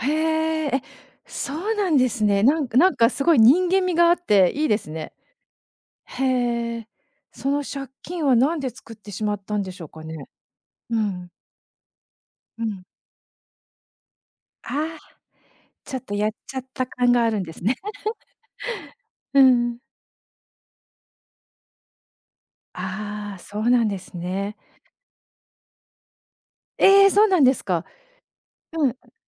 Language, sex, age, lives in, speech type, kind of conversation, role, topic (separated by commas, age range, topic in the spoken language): Japanese, female, 50-54, Japan, conversation, podcast, host, その先生のどんなところが好きでしたか？